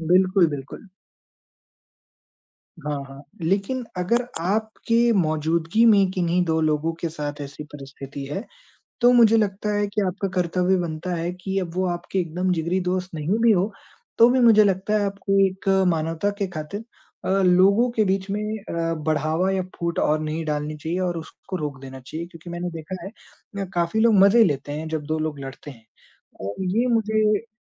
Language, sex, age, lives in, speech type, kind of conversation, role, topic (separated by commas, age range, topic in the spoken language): Hindi, male, 20-24, India, conversation, unstructured, none, दोस्तों के बीच मतभेद होने पर आप क्या करते हैं?